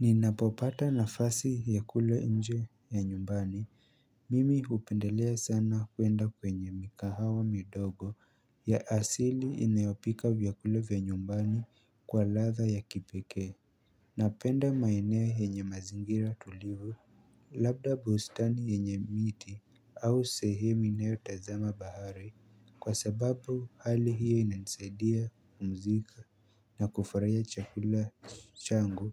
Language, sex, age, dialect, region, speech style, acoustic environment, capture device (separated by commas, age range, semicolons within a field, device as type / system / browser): Swahili, male, 18-24, Kenya - Nairobi Sheng-influenced, Kenya, happy; focused, silence, mobile / Linux / Chrome